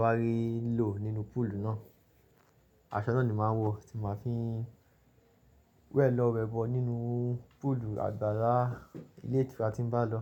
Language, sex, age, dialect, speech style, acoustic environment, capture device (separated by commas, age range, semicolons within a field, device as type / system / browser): Yoruba, male, 25-34, Nigeria - Lagos Yoruba, focused, nature, mobile / Linux / Chrome